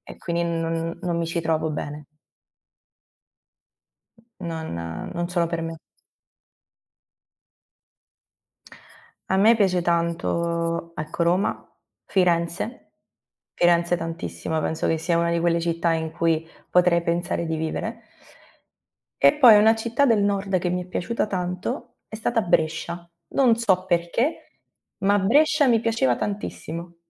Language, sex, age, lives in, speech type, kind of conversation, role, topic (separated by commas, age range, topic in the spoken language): Italian, female, 25-29, Italy, conversation, unstructured, none, Qual è il ricordo più bello legato al cibo della tua infanzia?